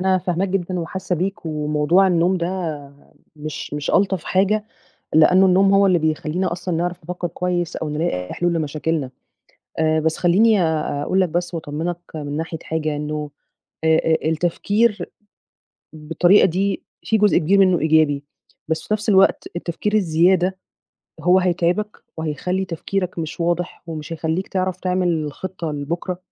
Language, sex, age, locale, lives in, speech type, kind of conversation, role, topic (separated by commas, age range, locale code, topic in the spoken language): Arabic, female, 30-34, ar-EG, Egypt, conversation, advice, advisor, إزاي أتعامل مع الأرق اللي بيخلّيني سهران من كتر التفكير والقلق بالليل؟